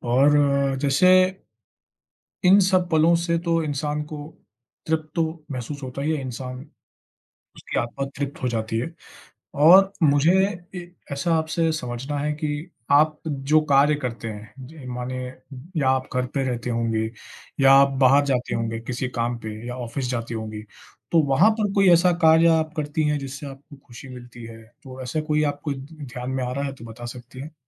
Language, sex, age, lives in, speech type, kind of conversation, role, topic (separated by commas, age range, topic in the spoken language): Hindi, male, 25-29, India, conversation, unstructured, none, आपको सबसे ज़्यादा खुशी कब मिलती है?